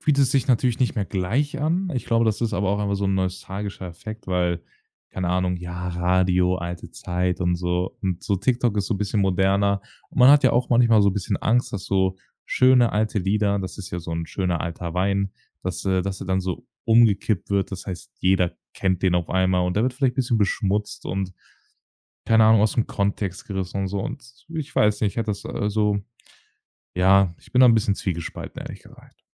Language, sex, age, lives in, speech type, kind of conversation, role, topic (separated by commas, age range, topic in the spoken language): German, male, 18-19, Germany, conversation, podcast, guest, Wie hat sich dein Musikgeschmack über die Jahre verändert?